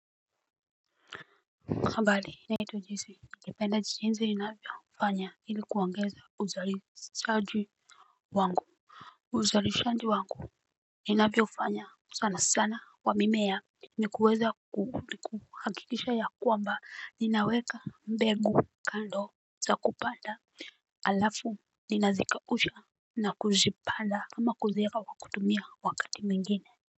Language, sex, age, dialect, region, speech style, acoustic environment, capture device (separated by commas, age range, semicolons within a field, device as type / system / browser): Swahili, female, 35-44, Kenya - Nairobi Sheng-influenced, Kenya, focused, silence, mobile / Linux / Chrome